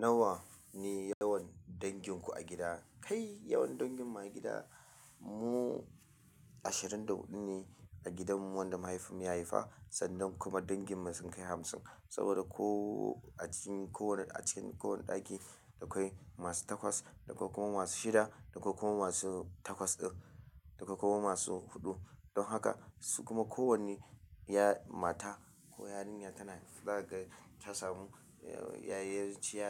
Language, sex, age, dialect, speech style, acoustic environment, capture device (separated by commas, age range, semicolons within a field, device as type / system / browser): Hausa, male, 25-34, Nigeria - Kano, excited, silence, mobile / Linux / Chrome